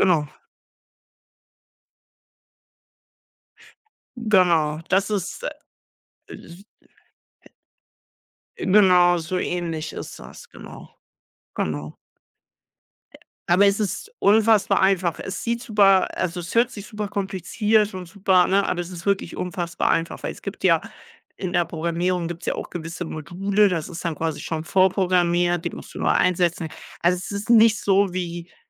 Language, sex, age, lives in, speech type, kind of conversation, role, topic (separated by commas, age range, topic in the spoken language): German, male, 18-19, Germany, conversation, unstructured, none, Wie kannst du Lernen mit Spaß verbinden?